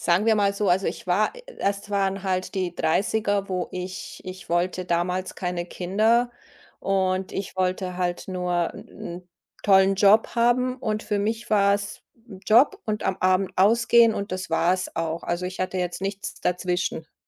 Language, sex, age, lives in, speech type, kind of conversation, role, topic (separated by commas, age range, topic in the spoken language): German, female, 50-54, Germany, conversation, podcast, guest, Was bedeutet Work-Life-Balance für dich konkret?